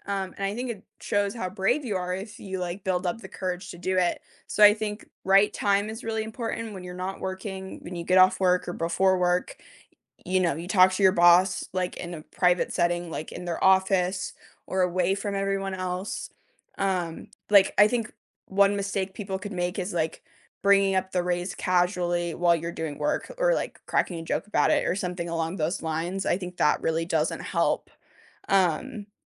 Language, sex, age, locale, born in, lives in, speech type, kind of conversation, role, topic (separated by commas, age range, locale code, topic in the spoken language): English, female, 25-29, en-US, United States, United States, conversation, unstructured, none, How do you build a strong case for a raise?